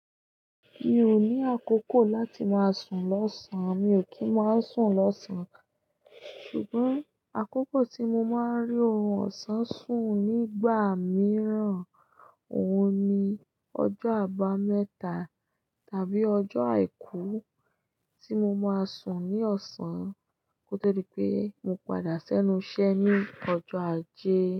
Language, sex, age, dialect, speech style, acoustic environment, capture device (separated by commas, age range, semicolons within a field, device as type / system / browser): Yoruba, female, 25-34, Nigeria - Ibadan, happy; focused; excited, silence, desktop / Linux / Chrome